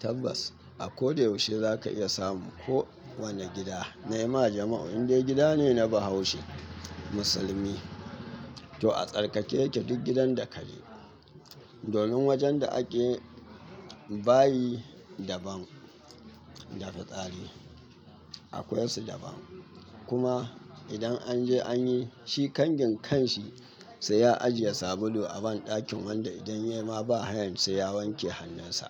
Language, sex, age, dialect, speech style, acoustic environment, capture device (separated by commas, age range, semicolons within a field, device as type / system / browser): Hausa, male, 25-34, Nigeria - Katsina, happy, people talking, mobile / Linux / Chrome